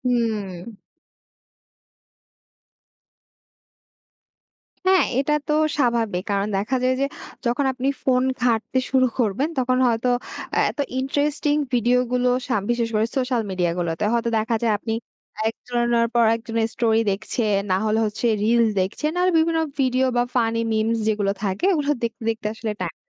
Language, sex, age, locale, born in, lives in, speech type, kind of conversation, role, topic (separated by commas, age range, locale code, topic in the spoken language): Bengali, female, 20-24, bn-BD, Bangladesh, Bangladesh, conversation, podcast, host, আপনি সকালে ফোন বা সামাজিক মাধ্যম কতটা ব্যবহার করেন?